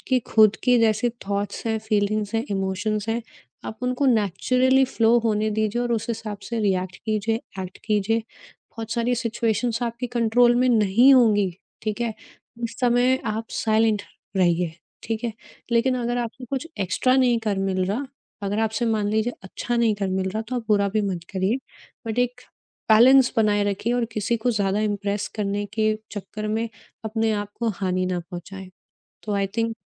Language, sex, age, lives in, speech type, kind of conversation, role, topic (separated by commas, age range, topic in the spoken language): Hindi, female, 30-34, India, conversation, podcast, guest, Perfectionism chhodne ka process tumne kaise start kiya?